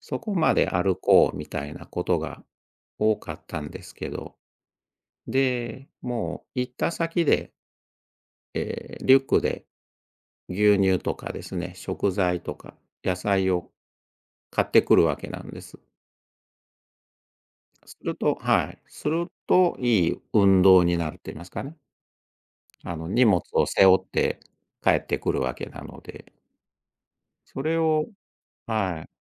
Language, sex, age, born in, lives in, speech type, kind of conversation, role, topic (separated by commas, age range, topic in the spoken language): Japanese, male, 60-64, Japan, Japan, conversation, podcast, guest, 家事の分担はどのように話し合って決めるのがよいですか？